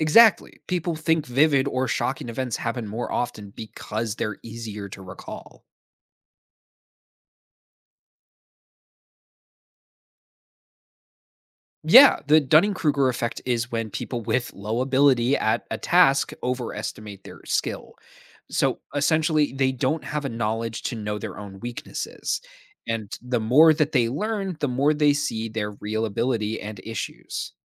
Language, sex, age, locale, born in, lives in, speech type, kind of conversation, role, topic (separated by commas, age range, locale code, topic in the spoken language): English, male, 20-24, en-US, United States, United States, read, expert-assistant, expert, What are common cognitive biases in decision-making?